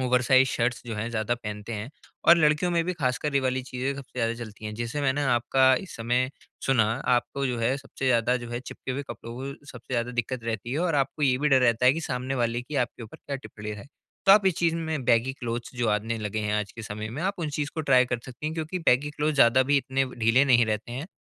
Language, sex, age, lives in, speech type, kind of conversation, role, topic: Hindi, male, 20-24, India, conversation, advice, advisor, कपड़ों में आराम और शैली का संतुलन आप कैसे बना सकते हैं?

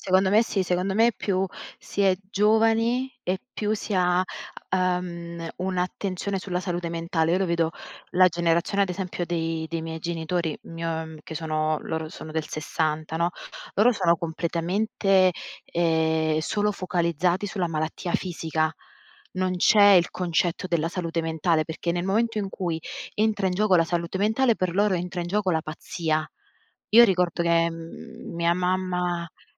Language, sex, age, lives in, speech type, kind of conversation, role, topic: Italian, female, 30-34, Italy, conversation, unstructured, none, Pensi che la società capisca davvero la depressione?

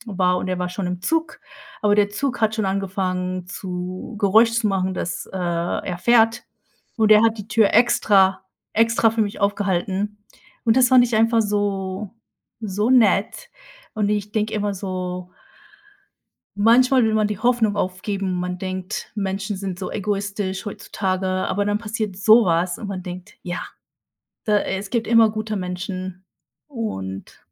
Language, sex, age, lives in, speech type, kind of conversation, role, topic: German, female, 30-34, United States, conversation, unstructured, none, Wie wichtig ist dir Freundlichkeit im Umgang mit anderen?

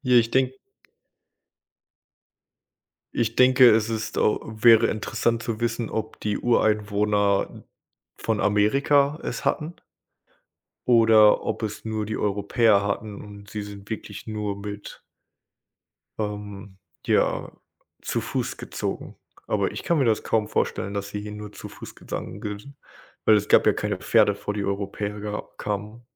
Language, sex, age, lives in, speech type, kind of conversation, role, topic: German, male, 25-29, United States, conversation, unstructured, none, Wie hat die Erfindung des Rades die Geschichte geprägt?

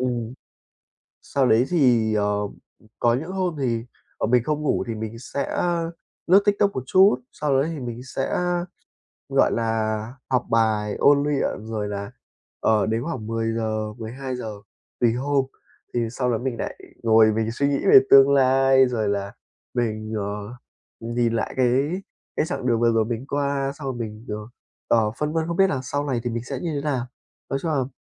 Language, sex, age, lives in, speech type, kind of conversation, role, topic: Vietnamese, male, 20-24, Vietnam, conversation, advice, user, Làm sao để tìm lại động lực dài hạn và biết mình nên nỗ lực vì điều gì?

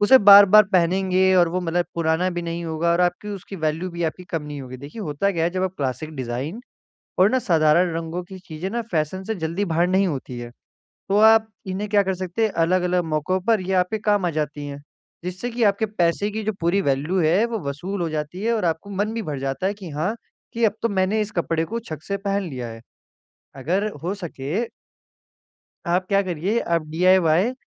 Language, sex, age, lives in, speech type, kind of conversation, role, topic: Hindi, male, 18-19, India, conversation, advice, advisor, कम बजट में अच्छा स्टाइल और सही तोहफा कैसे ढूंढें?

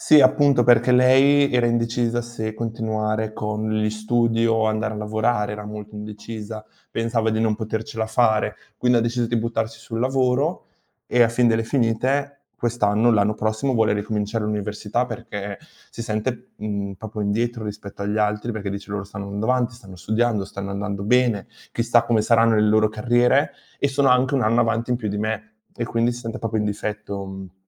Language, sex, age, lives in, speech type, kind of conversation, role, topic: Italian, male, 20-24, Italy, conversation, unstructured, none, Qual è il tuo modo preferito per rilassarti dopo il lavoro?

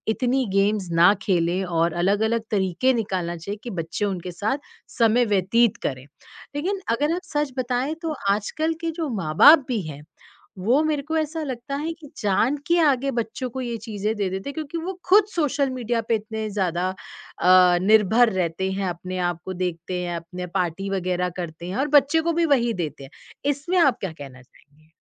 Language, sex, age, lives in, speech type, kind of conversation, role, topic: Hindi, female, 30-34, India, conversation, podcast, host, आप सोशल मीडिया के मानसिक प्रभाव को कैसे संभालते हैं?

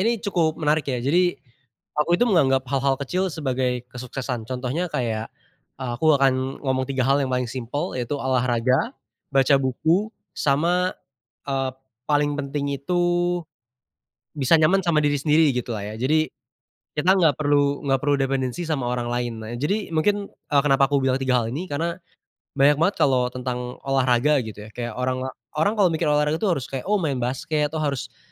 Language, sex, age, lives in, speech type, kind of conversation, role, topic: Indonesian, male, 20-24, Indonesia, conversation, podcast, guest, Apa arti sukses menurutmu sekarang, dan apakah berbeda dari dulu?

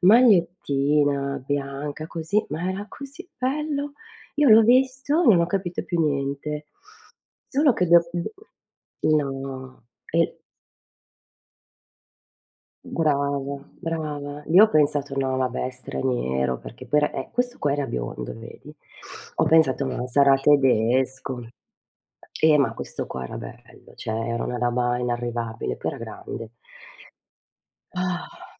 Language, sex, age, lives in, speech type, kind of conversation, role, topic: Italian, female, 50-54, Italy, conversation, unstructured, none, Come hai incontrato la tua prima cotta?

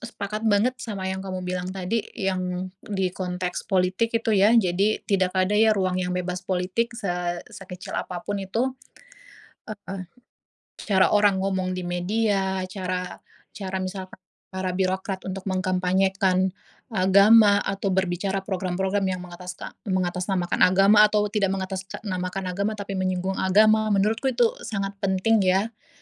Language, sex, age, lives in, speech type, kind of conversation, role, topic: Indonesian, female, 25-29, Indonesia, conversation, unstructured, none, Apa yang kamu rasakan ketika mengalami diskriminasi berdasarkan agama?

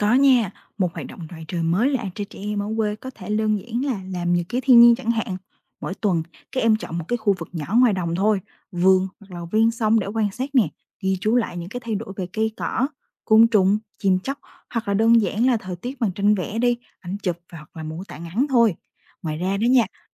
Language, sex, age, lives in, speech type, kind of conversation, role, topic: Vietnamese, female, 20-24, Vietnam, read, expert-assistant, expert, Nếu trâu biến mất ở làng quê Việt Nam, cuộc sống và văn hóa sẽ thay đổi như thế nào?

